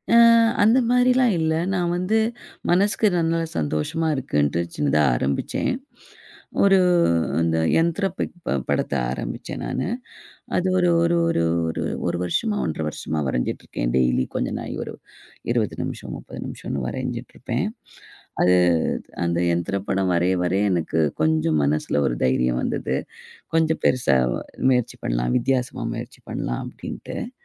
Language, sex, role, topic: Tamil, female, guest, இந்த ஆர்வத்தைத் தொடங்க உதவும் மூன்று எளிய குறிப்புகளைப் பகிர முடியுமா?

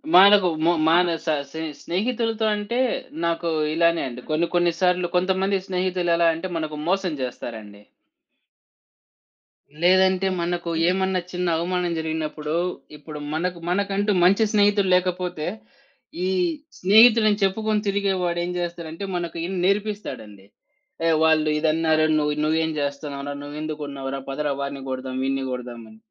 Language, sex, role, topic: Telugu, male, guest, సమస్య వచ్చినప్పుడు దాన్ని శాంతంగా ఎలా చెప్పగలము?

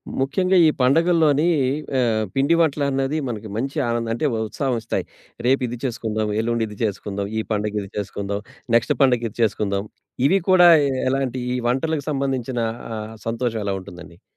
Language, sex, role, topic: Telugu, male, host, మీ జీవితంలో చిన్న ఆనందాలు మీ మానసిక సమతుల్యతకు ఎంత మేరకు సహాయపడతాయి?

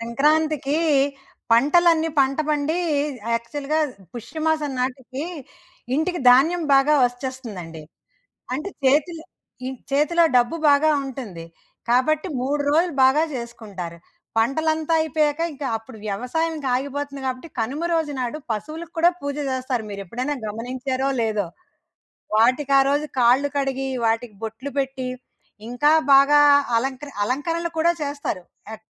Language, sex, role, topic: Telugu, female, host, స్థానిక పండుగలో పాల్గొన్నప్పుడు మీకు అత్యంత గుర్తుండిపోయిన విశేషం ఏది?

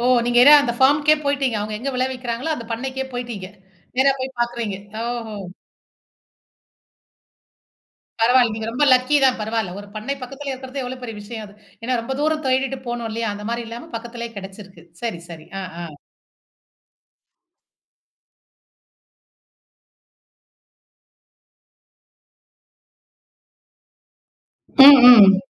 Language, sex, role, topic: Tamil, female, host, உங்கள் சமையலுக்கான காய்கறிகளை நீங்கள் எங்கே வாங்குகிறீர்கள், ஏன் அந்த இடத்தையே தேர்வு செய்கிறீர்கள்?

